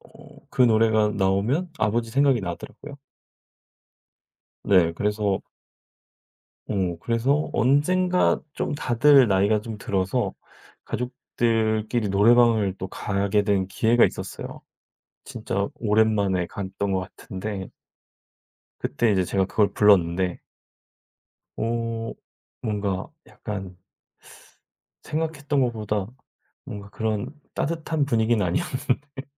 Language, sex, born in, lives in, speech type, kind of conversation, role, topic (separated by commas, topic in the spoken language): Korean, male, South Korea, South Korea, conversation, podcast, guest, 어떤 노래를 들으면 과거로 돌아간 것처럼 느끼나요?